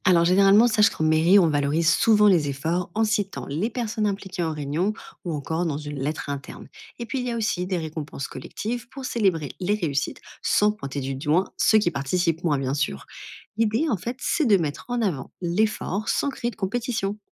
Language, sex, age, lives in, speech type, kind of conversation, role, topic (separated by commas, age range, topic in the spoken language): French, female, 30-34, France, read, expert-assistant, expert, À quoi ressemblerait la gestion d’une grande ville si on la comparait à l’organisation d’une famille nombreuse ?